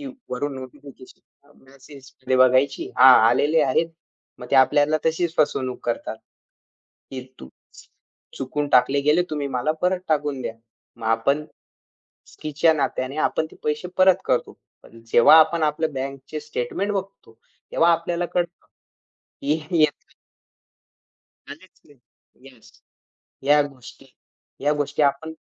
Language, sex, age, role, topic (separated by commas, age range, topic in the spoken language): Marathi, male, 20-24, guest, ऑनलाइन पेमेंट्स आणि यूपीआयने तुमचं आयुष्य कसं सोपं केलं?